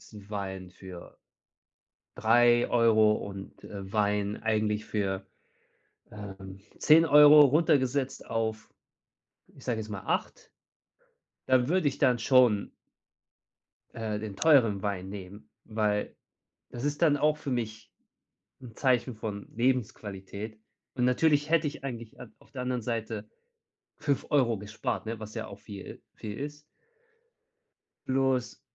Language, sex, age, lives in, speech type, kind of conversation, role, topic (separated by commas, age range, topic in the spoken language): German, male, 30-34, Germany, conversation, advice, user, Wie kann ich sparen, ohne dass meine Wohnqualität und meine Freude am Besitz darunter leiden?